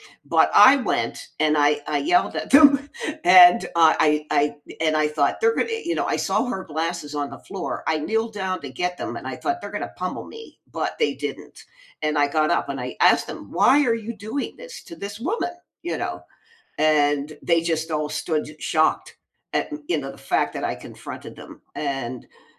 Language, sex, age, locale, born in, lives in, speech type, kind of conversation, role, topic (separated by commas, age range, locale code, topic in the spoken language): English, female, 65-69, en-US, United States, United States, conversation, unstructured, none, How do you react when you see someone taking advantage of others?